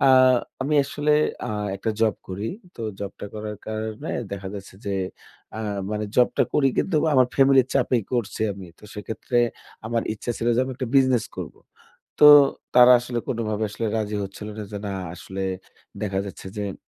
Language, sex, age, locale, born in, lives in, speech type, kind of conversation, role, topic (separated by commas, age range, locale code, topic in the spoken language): Bengali, male, 25-29, bn-BD, Bangladesh, Bangladesh, conversation, advice, user, আমি কীভাবে চাপের মুখে পড়ে আমার ব্যক্তিগত সীমা ও সম্মতি স্পষ্টভাবে জানাতে পারি?